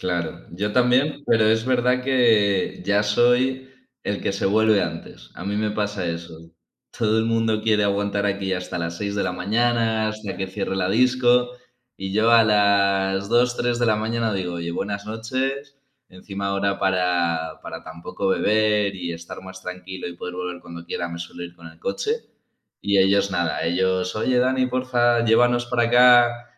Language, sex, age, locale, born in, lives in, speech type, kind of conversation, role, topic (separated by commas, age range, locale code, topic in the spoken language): Spanish, male, 20-24, es-ES, Spain, Spain, conversation, unstructured, none, ¿Cuál es tu forma favorita de pasar tiempo con tus amigos?